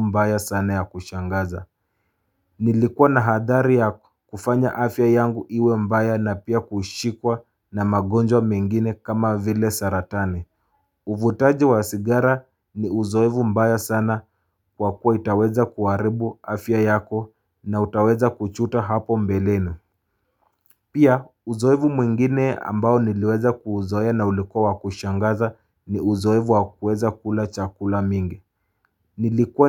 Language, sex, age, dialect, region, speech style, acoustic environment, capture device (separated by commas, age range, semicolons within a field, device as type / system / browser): Swahili, male, 25-34, Kenya - Mombasa, Kenya, relaxed, silence, mobile / Linux / Chrome